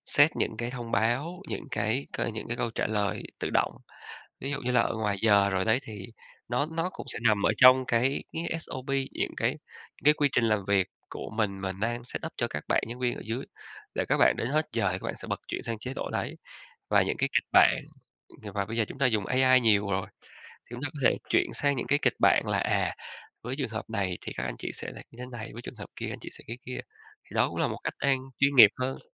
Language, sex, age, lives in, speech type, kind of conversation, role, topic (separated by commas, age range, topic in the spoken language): Vietnamese, male, 35-39, Vietnam, conversation, podcast, guest, Làm thế nào để giữ ranh giới giữa công việc và đời sống riêng tư?